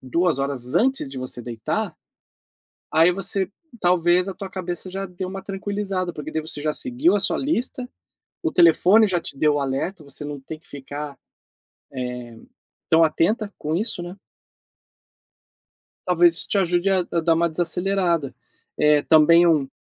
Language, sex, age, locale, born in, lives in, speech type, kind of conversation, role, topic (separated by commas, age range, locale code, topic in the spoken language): Portuguese, male, 40-44, pt-BR, Brazil, United States, conversation, advice, advisor, Como posso desacelerar a mente e relaxar de verdade quando estou sempre pensando?